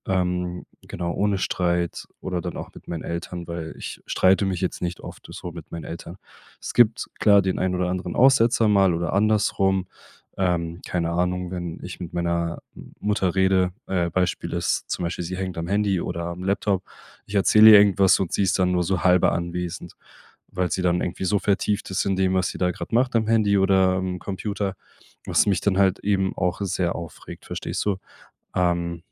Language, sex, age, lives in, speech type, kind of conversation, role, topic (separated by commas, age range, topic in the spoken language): German, male, 25-29, Germany, conversation, podcast, guest, Wie versöhnst du dich mit jemandem, der dich enttäuscht hat?